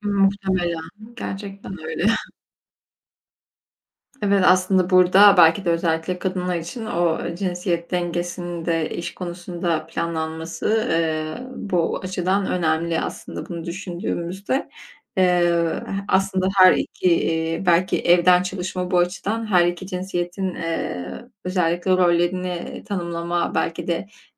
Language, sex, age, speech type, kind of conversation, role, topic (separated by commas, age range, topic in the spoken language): Turkish, female, 25-29, conversation, podcast, host, Sence uzaktan çalışma daha kalıcı hâle gelir mi?